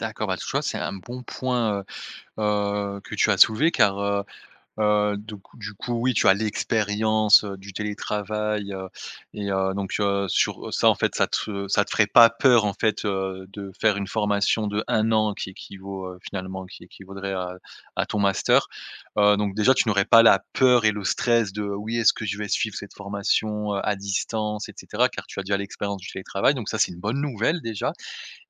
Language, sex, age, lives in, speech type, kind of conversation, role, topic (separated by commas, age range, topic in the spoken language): French, male, 30-34, France, conversation, advice, advisor, Comment surmonter le syndrome de l’imposteur pour viser plus de responsabilités ?